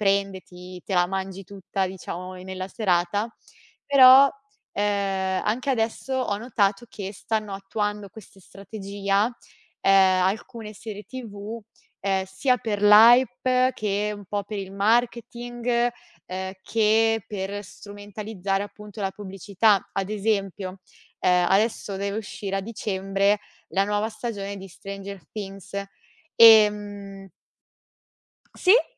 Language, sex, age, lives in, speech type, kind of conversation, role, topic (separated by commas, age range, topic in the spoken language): Italian, female, 25-29, Italy, conversation, podcast, guest, Cosa pensi del passaggio dalla TV tradizionale allo streaming?